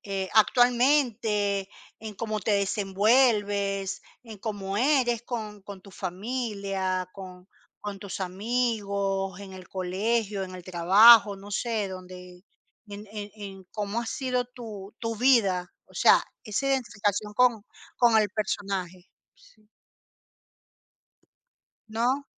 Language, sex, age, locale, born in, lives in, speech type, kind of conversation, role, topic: Spanish, female, 50-54, es-CL, Venezuela, Portugal, conversation, podcast, host, ¿Qué personaje de ficción sientes que te representa?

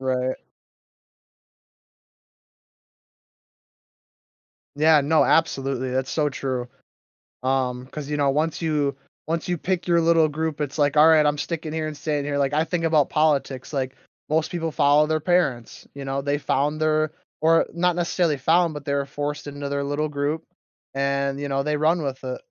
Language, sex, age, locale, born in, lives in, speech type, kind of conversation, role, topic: English, male, 20-24, en-US, United States, United States, conversation, podcast, host, How is social media changing the way we build and maintain community relationships?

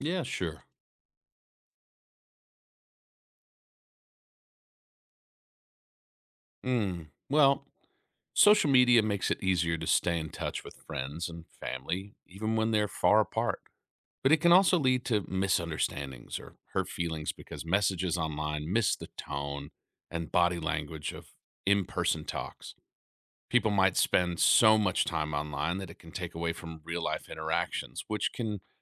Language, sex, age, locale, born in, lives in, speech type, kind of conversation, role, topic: English, male, 35-39, en-US, United States, United States, read, expert-assistant, expert, How does social media affect personal relationships?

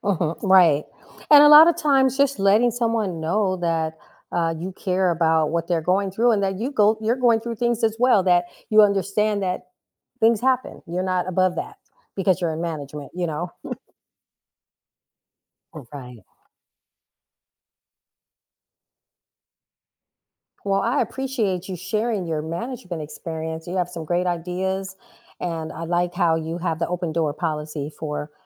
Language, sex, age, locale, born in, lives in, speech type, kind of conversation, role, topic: English, female, 55-59, en-US, United States, United States, conversation, podcast, host, What are effective ways to resolve misunderstandings while maintaining respect and understanding?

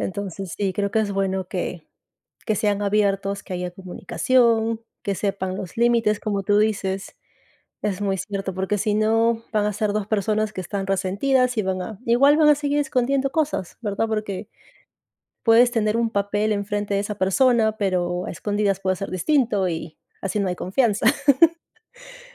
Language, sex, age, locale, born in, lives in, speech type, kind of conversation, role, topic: Spanish, female, 35-39, es-PE, Peru, United States, conversation, unstructured, none, ¿Qué papel juega la confianza en tus relaciones personales?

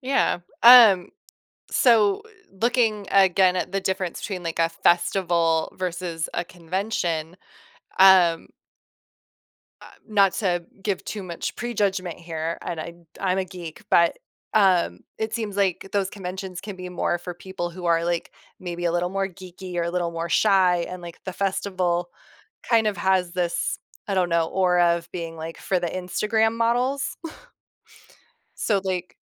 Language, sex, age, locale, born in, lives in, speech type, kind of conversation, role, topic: English, female, 35-39, en-US, United States, United States, conversation, podcast, host, In what ways can shared hobbies help people form and strengthen friendships?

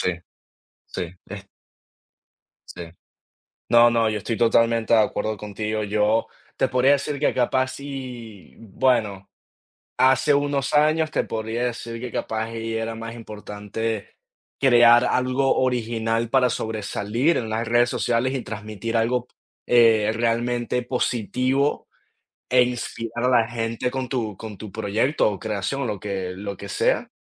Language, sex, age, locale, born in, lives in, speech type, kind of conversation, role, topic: Spanish, male, 20-24, es-VE, Venezuela, United States, conversation, unstructured, none, ¿Crees que las redes sociales dañan la verdadera creatividad?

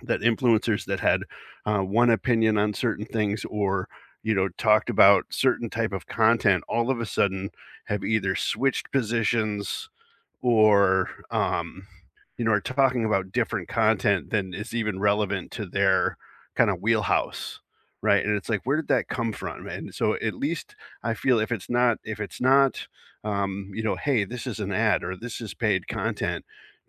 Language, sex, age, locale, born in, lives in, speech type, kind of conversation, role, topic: English, male, 50-54, en-US, United States, United States, conversation, unstructured, none, Should influencers always disclose sponsored content, or only when promotions are clearly recognizable as ads?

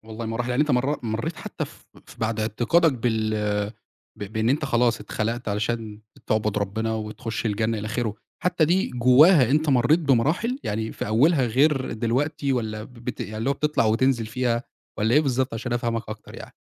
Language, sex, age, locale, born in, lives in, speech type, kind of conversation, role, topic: Arabic, male, 25-29, ar-EG, Egypt, Egypt, conversation, podcast, host, إيه اللي مدي حياتك معنى دلوقتي؟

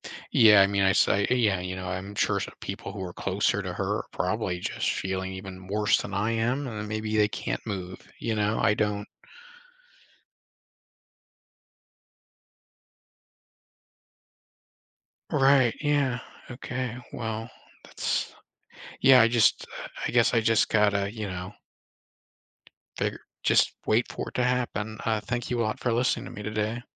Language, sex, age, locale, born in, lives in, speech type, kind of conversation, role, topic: English, male, 35-39, en-US, United States, United States, conversation, advice, user, How do I cope with sudden loss?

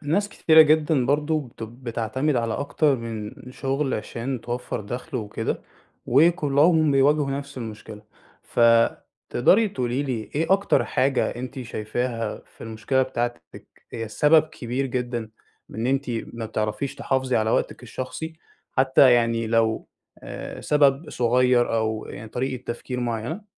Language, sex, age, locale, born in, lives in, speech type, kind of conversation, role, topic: Arabic, male, 20-24, ar-EG, Egypt, Egypt, conversation, advice, advisor, إزاي أحط حدود صحية بين الشغل وحياتي الشخصية؟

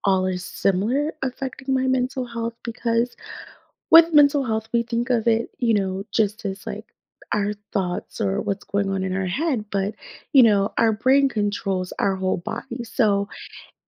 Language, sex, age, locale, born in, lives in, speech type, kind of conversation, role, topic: English, female, 30-34, en-US, United States, United States, conversation, podcast, guest, In what ways can exploring new hobbies support emotional well-being?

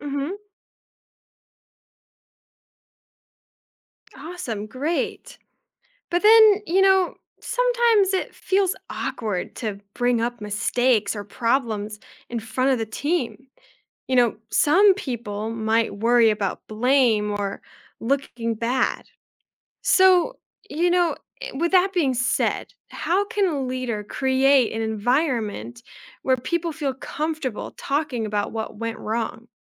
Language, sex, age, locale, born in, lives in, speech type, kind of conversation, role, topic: English, female, 20-24, en-US, United States, United States, read, expert-assistant, asker, What qualities make a good leader?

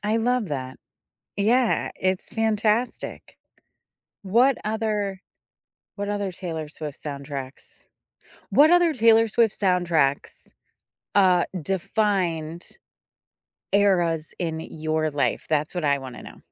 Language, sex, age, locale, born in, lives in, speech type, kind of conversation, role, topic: English, female, 45-49, en-US, United States, United States, conversation, unstructured, none, What soundtracks make your everyday moments feel cinematic?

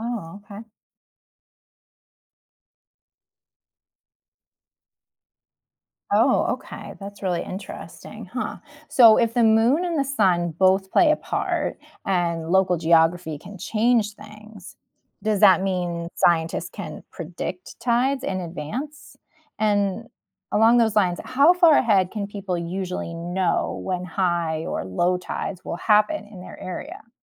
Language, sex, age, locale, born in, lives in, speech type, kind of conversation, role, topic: English, female, 40-44, en-US, United States, United States, read, expert-assistant, asker, What causes tides in the ocean?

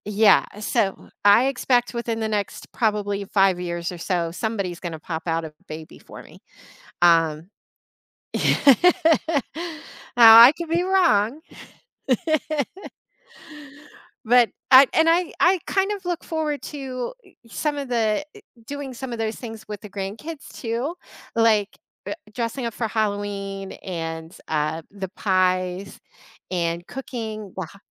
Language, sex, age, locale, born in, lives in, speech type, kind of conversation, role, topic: English, female, 45-49, en-US, United States, United States, conversation, podcast, guest, How do you celebrate important heritage holidays?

